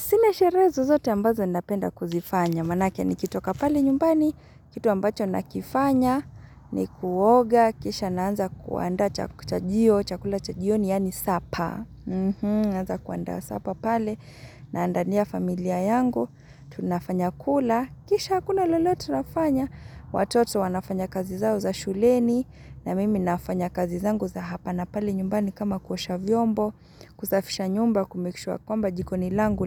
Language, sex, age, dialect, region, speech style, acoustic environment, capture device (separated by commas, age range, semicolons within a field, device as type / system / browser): Swahili, female, 25-34, Kenya - Nairobi Sheng-influenced, Kenya, relaxed, nature, mobile / Linux / Chrome